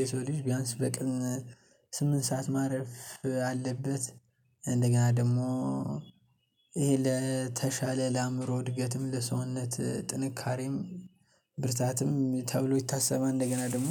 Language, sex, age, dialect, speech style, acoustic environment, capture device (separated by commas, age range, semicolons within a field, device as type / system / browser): Amharic, male, 18-24, Ethiopia - Gondar, happy; excited; focused, nature; silence, mobile / Linux / Chrome